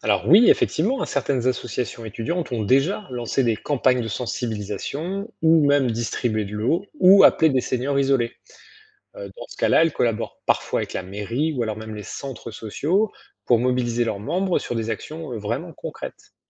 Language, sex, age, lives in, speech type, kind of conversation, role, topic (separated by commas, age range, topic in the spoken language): French, male, 45-49, France, read, expert-assistant, expert, Comment organiser un plan d’action pour faire face aux vagues de chaleur dans une grande ville ?